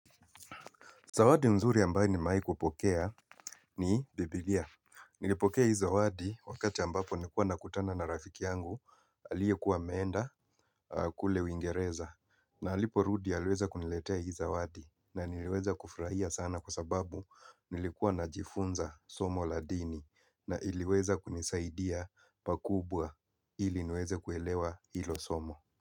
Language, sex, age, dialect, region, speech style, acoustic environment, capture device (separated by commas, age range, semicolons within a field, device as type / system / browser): Swahili, male, 35-44, Kenya - Mombasa, Kenya, focused, appliances; people talking, mobile / Linux / Chrome